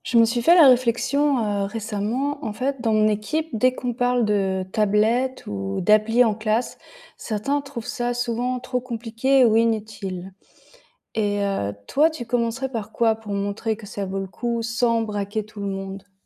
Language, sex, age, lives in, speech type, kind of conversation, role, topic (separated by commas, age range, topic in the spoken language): French, female, 35-39, France, read, expert-assistant, asker, Quelles astuces pour intégrer les outils numériques en classe quand certains collègues sont sceptiques ?